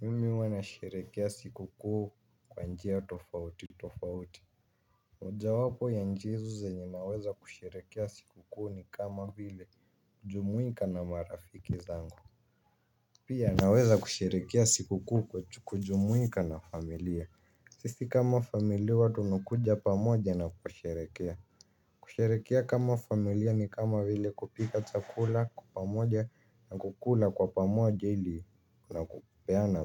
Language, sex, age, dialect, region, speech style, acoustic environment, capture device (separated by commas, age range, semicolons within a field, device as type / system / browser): Swahili, male, 18-24, Kenya - Nairobi Sheng-influenced, Kenya, happy; relaxed, people talking; music; silence; nature, mobile / Linux / Chrome